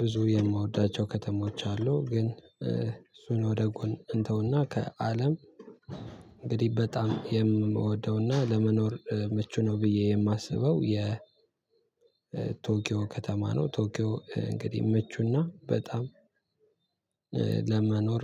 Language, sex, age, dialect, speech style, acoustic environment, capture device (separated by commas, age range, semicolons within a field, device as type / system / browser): Amharic, male, 18-24, Ethiopia - Addis Ababa, tired; focused; excited, nature; silence, mobile / Linux / Chrome